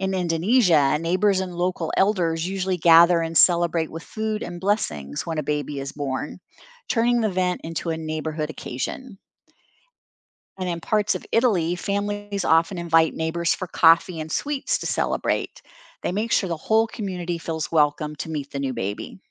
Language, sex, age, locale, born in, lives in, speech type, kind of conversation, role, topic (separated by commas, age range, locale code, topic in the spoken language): English, female, 60-64, en-US, United States, United States, read, expert-assistant, expert, What are the different traditions around the world for welcoming a newborn baby?